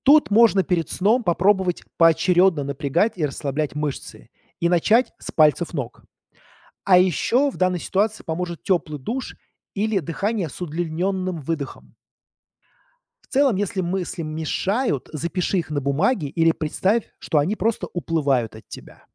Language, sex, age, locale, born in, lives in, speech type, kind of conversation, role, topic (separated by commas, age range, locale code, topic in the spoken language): Russian, male, 40-44, ru-RU, Russia, United States, read, expert-assistant, expert, Как быстро восстановить силы после тяжёлой смены в больнице или поликлинике?